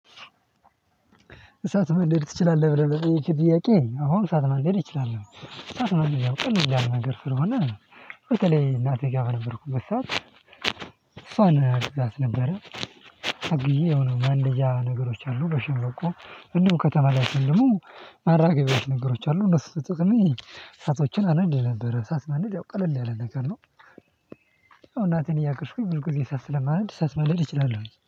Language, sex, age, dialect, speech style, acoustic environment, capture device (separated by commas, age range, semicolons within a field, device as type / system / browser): Amharic, male, 18-24, Ethiopia - Addis Ababa, happy, silence, mobile / Linux / Chrome